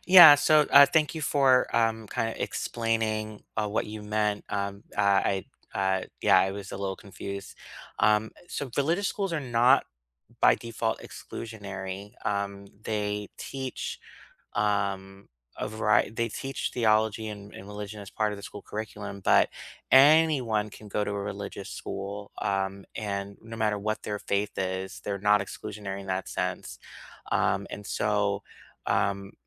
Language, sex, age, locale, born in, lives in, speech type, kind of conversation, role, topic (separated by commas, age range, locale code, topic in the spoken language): English, male, 35-39, en-US, United States, United States, conversation, unstructured, none, Should governments fund religious schools equally to public schools?